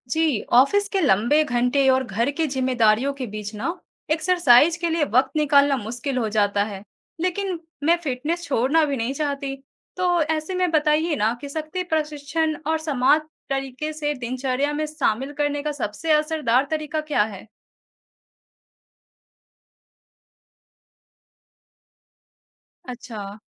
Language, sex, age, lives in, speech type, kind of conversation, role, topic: Hindi, female, 20-24, India, read, expert-assistant, asker, व्यस्त दिनचर्या में शक्ति प्रशिक्षण (strength training) को कुशलता से शामिल करने के सबसे अच्छे तरीके क्या हैं?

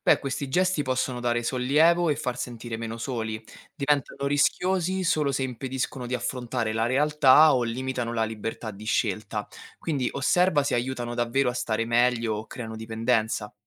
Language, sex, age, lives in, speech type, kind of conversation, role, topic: Italian, male, 25-29, Italy, read, expert-assistant, expert, Cosa ci spinge a credere in qualcosa che non possiamo vedere?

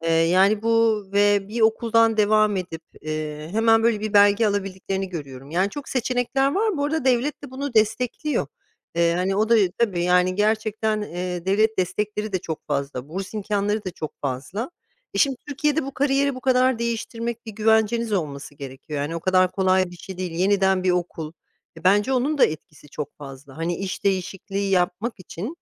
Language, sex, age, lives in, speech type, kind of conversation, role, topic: Turkish, female, 45-49, United States, conversation, podcast, guest, İş değiştirirken nelere öncelik verirsin, neden?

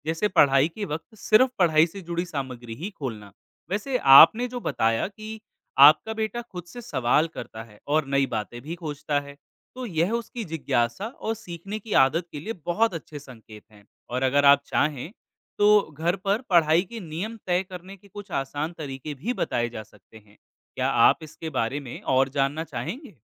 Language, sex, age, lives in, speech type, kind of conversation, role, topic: Hindi, male, 25-29, India, read, expert-assistant, expert, क्या पारंपरिक और डिजिटल शिक्षा का मेल बच्चों के सीखने के तरीके को बदलता है?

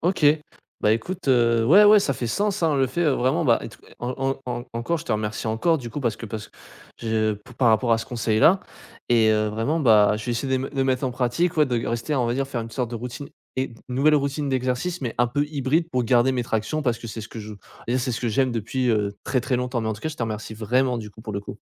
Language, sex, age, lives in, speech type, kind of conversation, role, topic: French, male, 20-24, France, conversation, advice, user, Comment renouveler ma routine d’exercices qui m’ennuie ?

